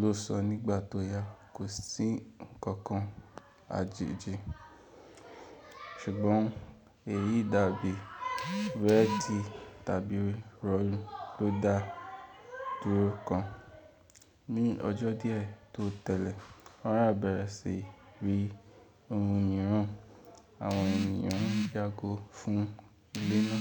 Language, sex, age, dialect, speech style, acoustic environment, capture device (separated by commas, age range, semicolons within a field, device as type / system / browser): Yoruba, male, 18-24, Nigeria - Ibadan, relaxed, people talking, mobile / Linux / Chrome